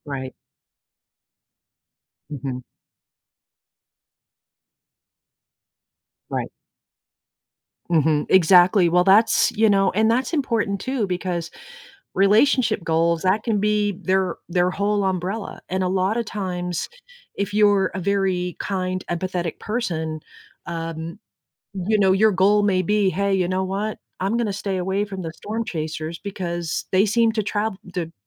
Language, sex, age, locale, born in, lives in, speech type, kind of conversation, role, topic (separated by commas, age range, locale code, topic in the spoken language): English, female, 50-54, en-US, United States, United States, conversation, unstructured, none, What is your strategy for setting and achieving personal goals?